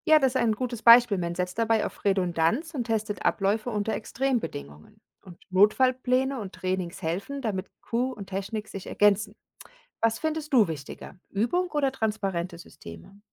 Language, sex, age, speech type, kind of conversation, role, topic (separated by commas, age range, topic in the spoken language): German, female, 40-44, read, expert-assistant, expert, Ist es wirklich so, dass Raumfahrtmissionen immer zu technischen Innovationen im Alltag führen?